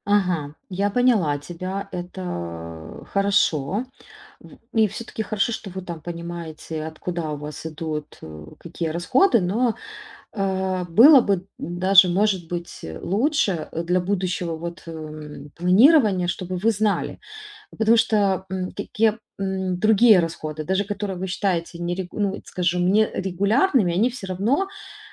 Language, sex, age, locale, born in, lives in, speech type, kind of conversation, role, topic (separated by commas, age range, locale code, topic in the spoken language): Russian, female, 35-39, ru-RU, Ukraine, Italy, conversation, advice, advisor, Как управлять финансами, если ресурсы ограничены?